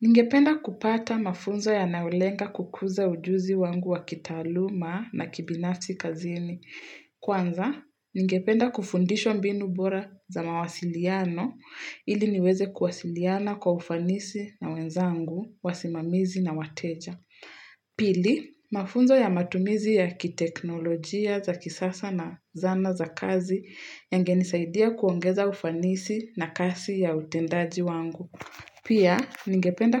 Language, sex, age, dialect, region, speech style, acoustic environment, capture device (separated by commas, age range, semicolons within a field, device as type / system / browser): Swahili, female, 18-24, Kenya - Nairobi Sheng-influenced, Kenya, excited, people talking, mobile / Linux / Chrome